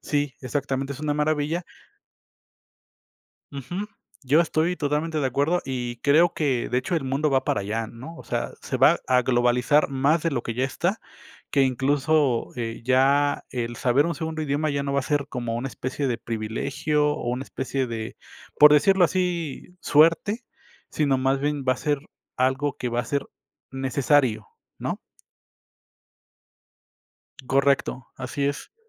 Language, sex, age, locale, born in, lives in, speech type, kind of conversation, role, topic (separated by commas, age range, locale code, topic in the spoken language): Spanish, male, 35-39, es-MX, Mexico, Mexico, conversation, unstructured, none, ¿Crees que todas las personas deberían aprender un segundo idioma? ¿Por qué?